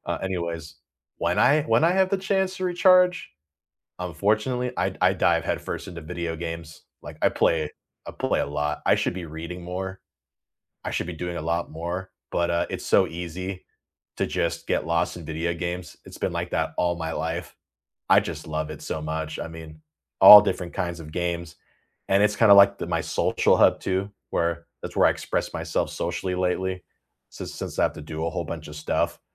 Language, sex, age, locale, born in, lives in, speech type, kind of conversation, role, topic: English, male, 30-34, en-US, United States, United States, conversation, unstructured, none, What small weekend rituals have been helping you recharge lately, and when does structure help or hinder your rest?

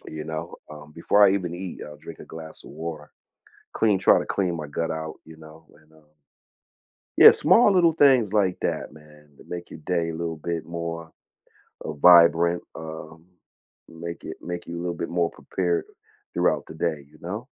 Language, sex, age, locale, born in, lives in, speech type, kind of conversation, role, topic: English, male, 45-49, en-US, United States, United States, conversation, unstructured, none, What small daily habit has been brightening your day lately?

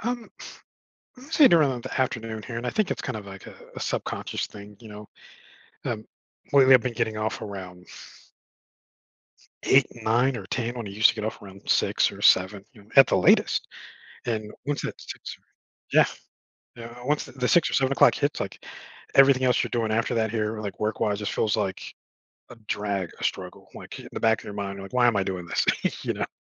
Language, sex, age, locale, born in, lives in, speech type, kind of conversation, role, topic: English, male, 40-44, en-US, United States, United States, conversation, advice, user, How can I reduce stress while balancing job demands and family responsibilities?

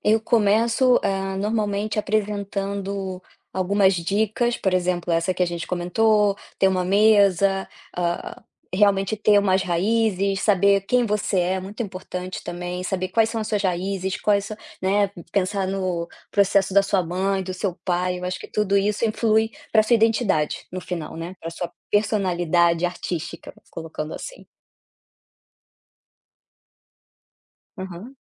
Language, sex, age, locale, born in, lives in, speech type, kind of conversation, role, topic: Portuguese, female, 30-34, pt-BR, Brazil, Spain, conversation, podcast, guest, O que muda quando você cria por prazer em vez de por trabalho?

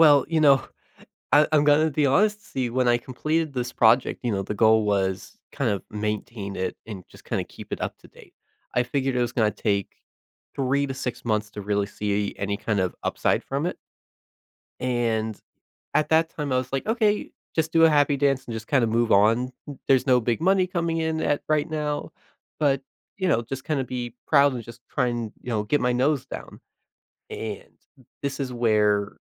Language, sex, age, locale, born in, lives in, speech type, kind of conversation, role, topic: English, male, 20-24, en-US, United States, United States, conversation, advice, user, How can I celebrate and build on my confidence after a big accomplishment?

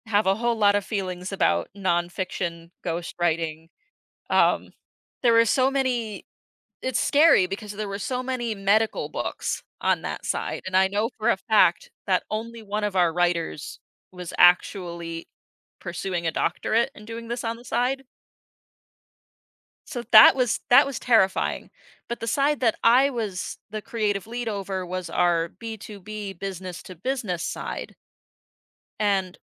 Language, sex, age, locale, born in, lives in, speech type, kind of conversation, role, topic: English, female, 25-29, en-US, United States, United States, conversation, podcast, guest, What lesson did you learn from failure?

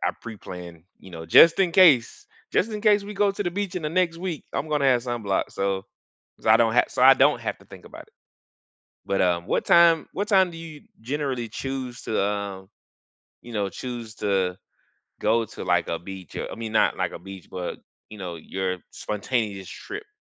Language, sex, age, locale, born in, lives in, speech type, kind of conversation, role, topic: English, male, 35-39, en-US, United States, United States, conversation, unstructured, none, How do you keep spontaneous day trips fun and flexible without overthinking the logistics?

